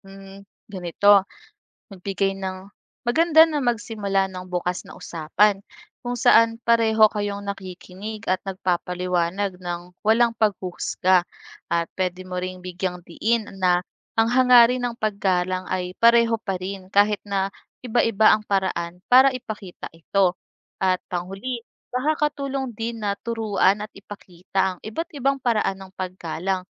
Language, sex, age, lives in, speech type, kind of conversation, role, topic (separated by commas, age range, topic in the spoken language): Filipino, female, 25-29, Philippines, read, expert-assistant, expert, Bakit mahalaga ang paggalang sa mga magulang at nakatatanda?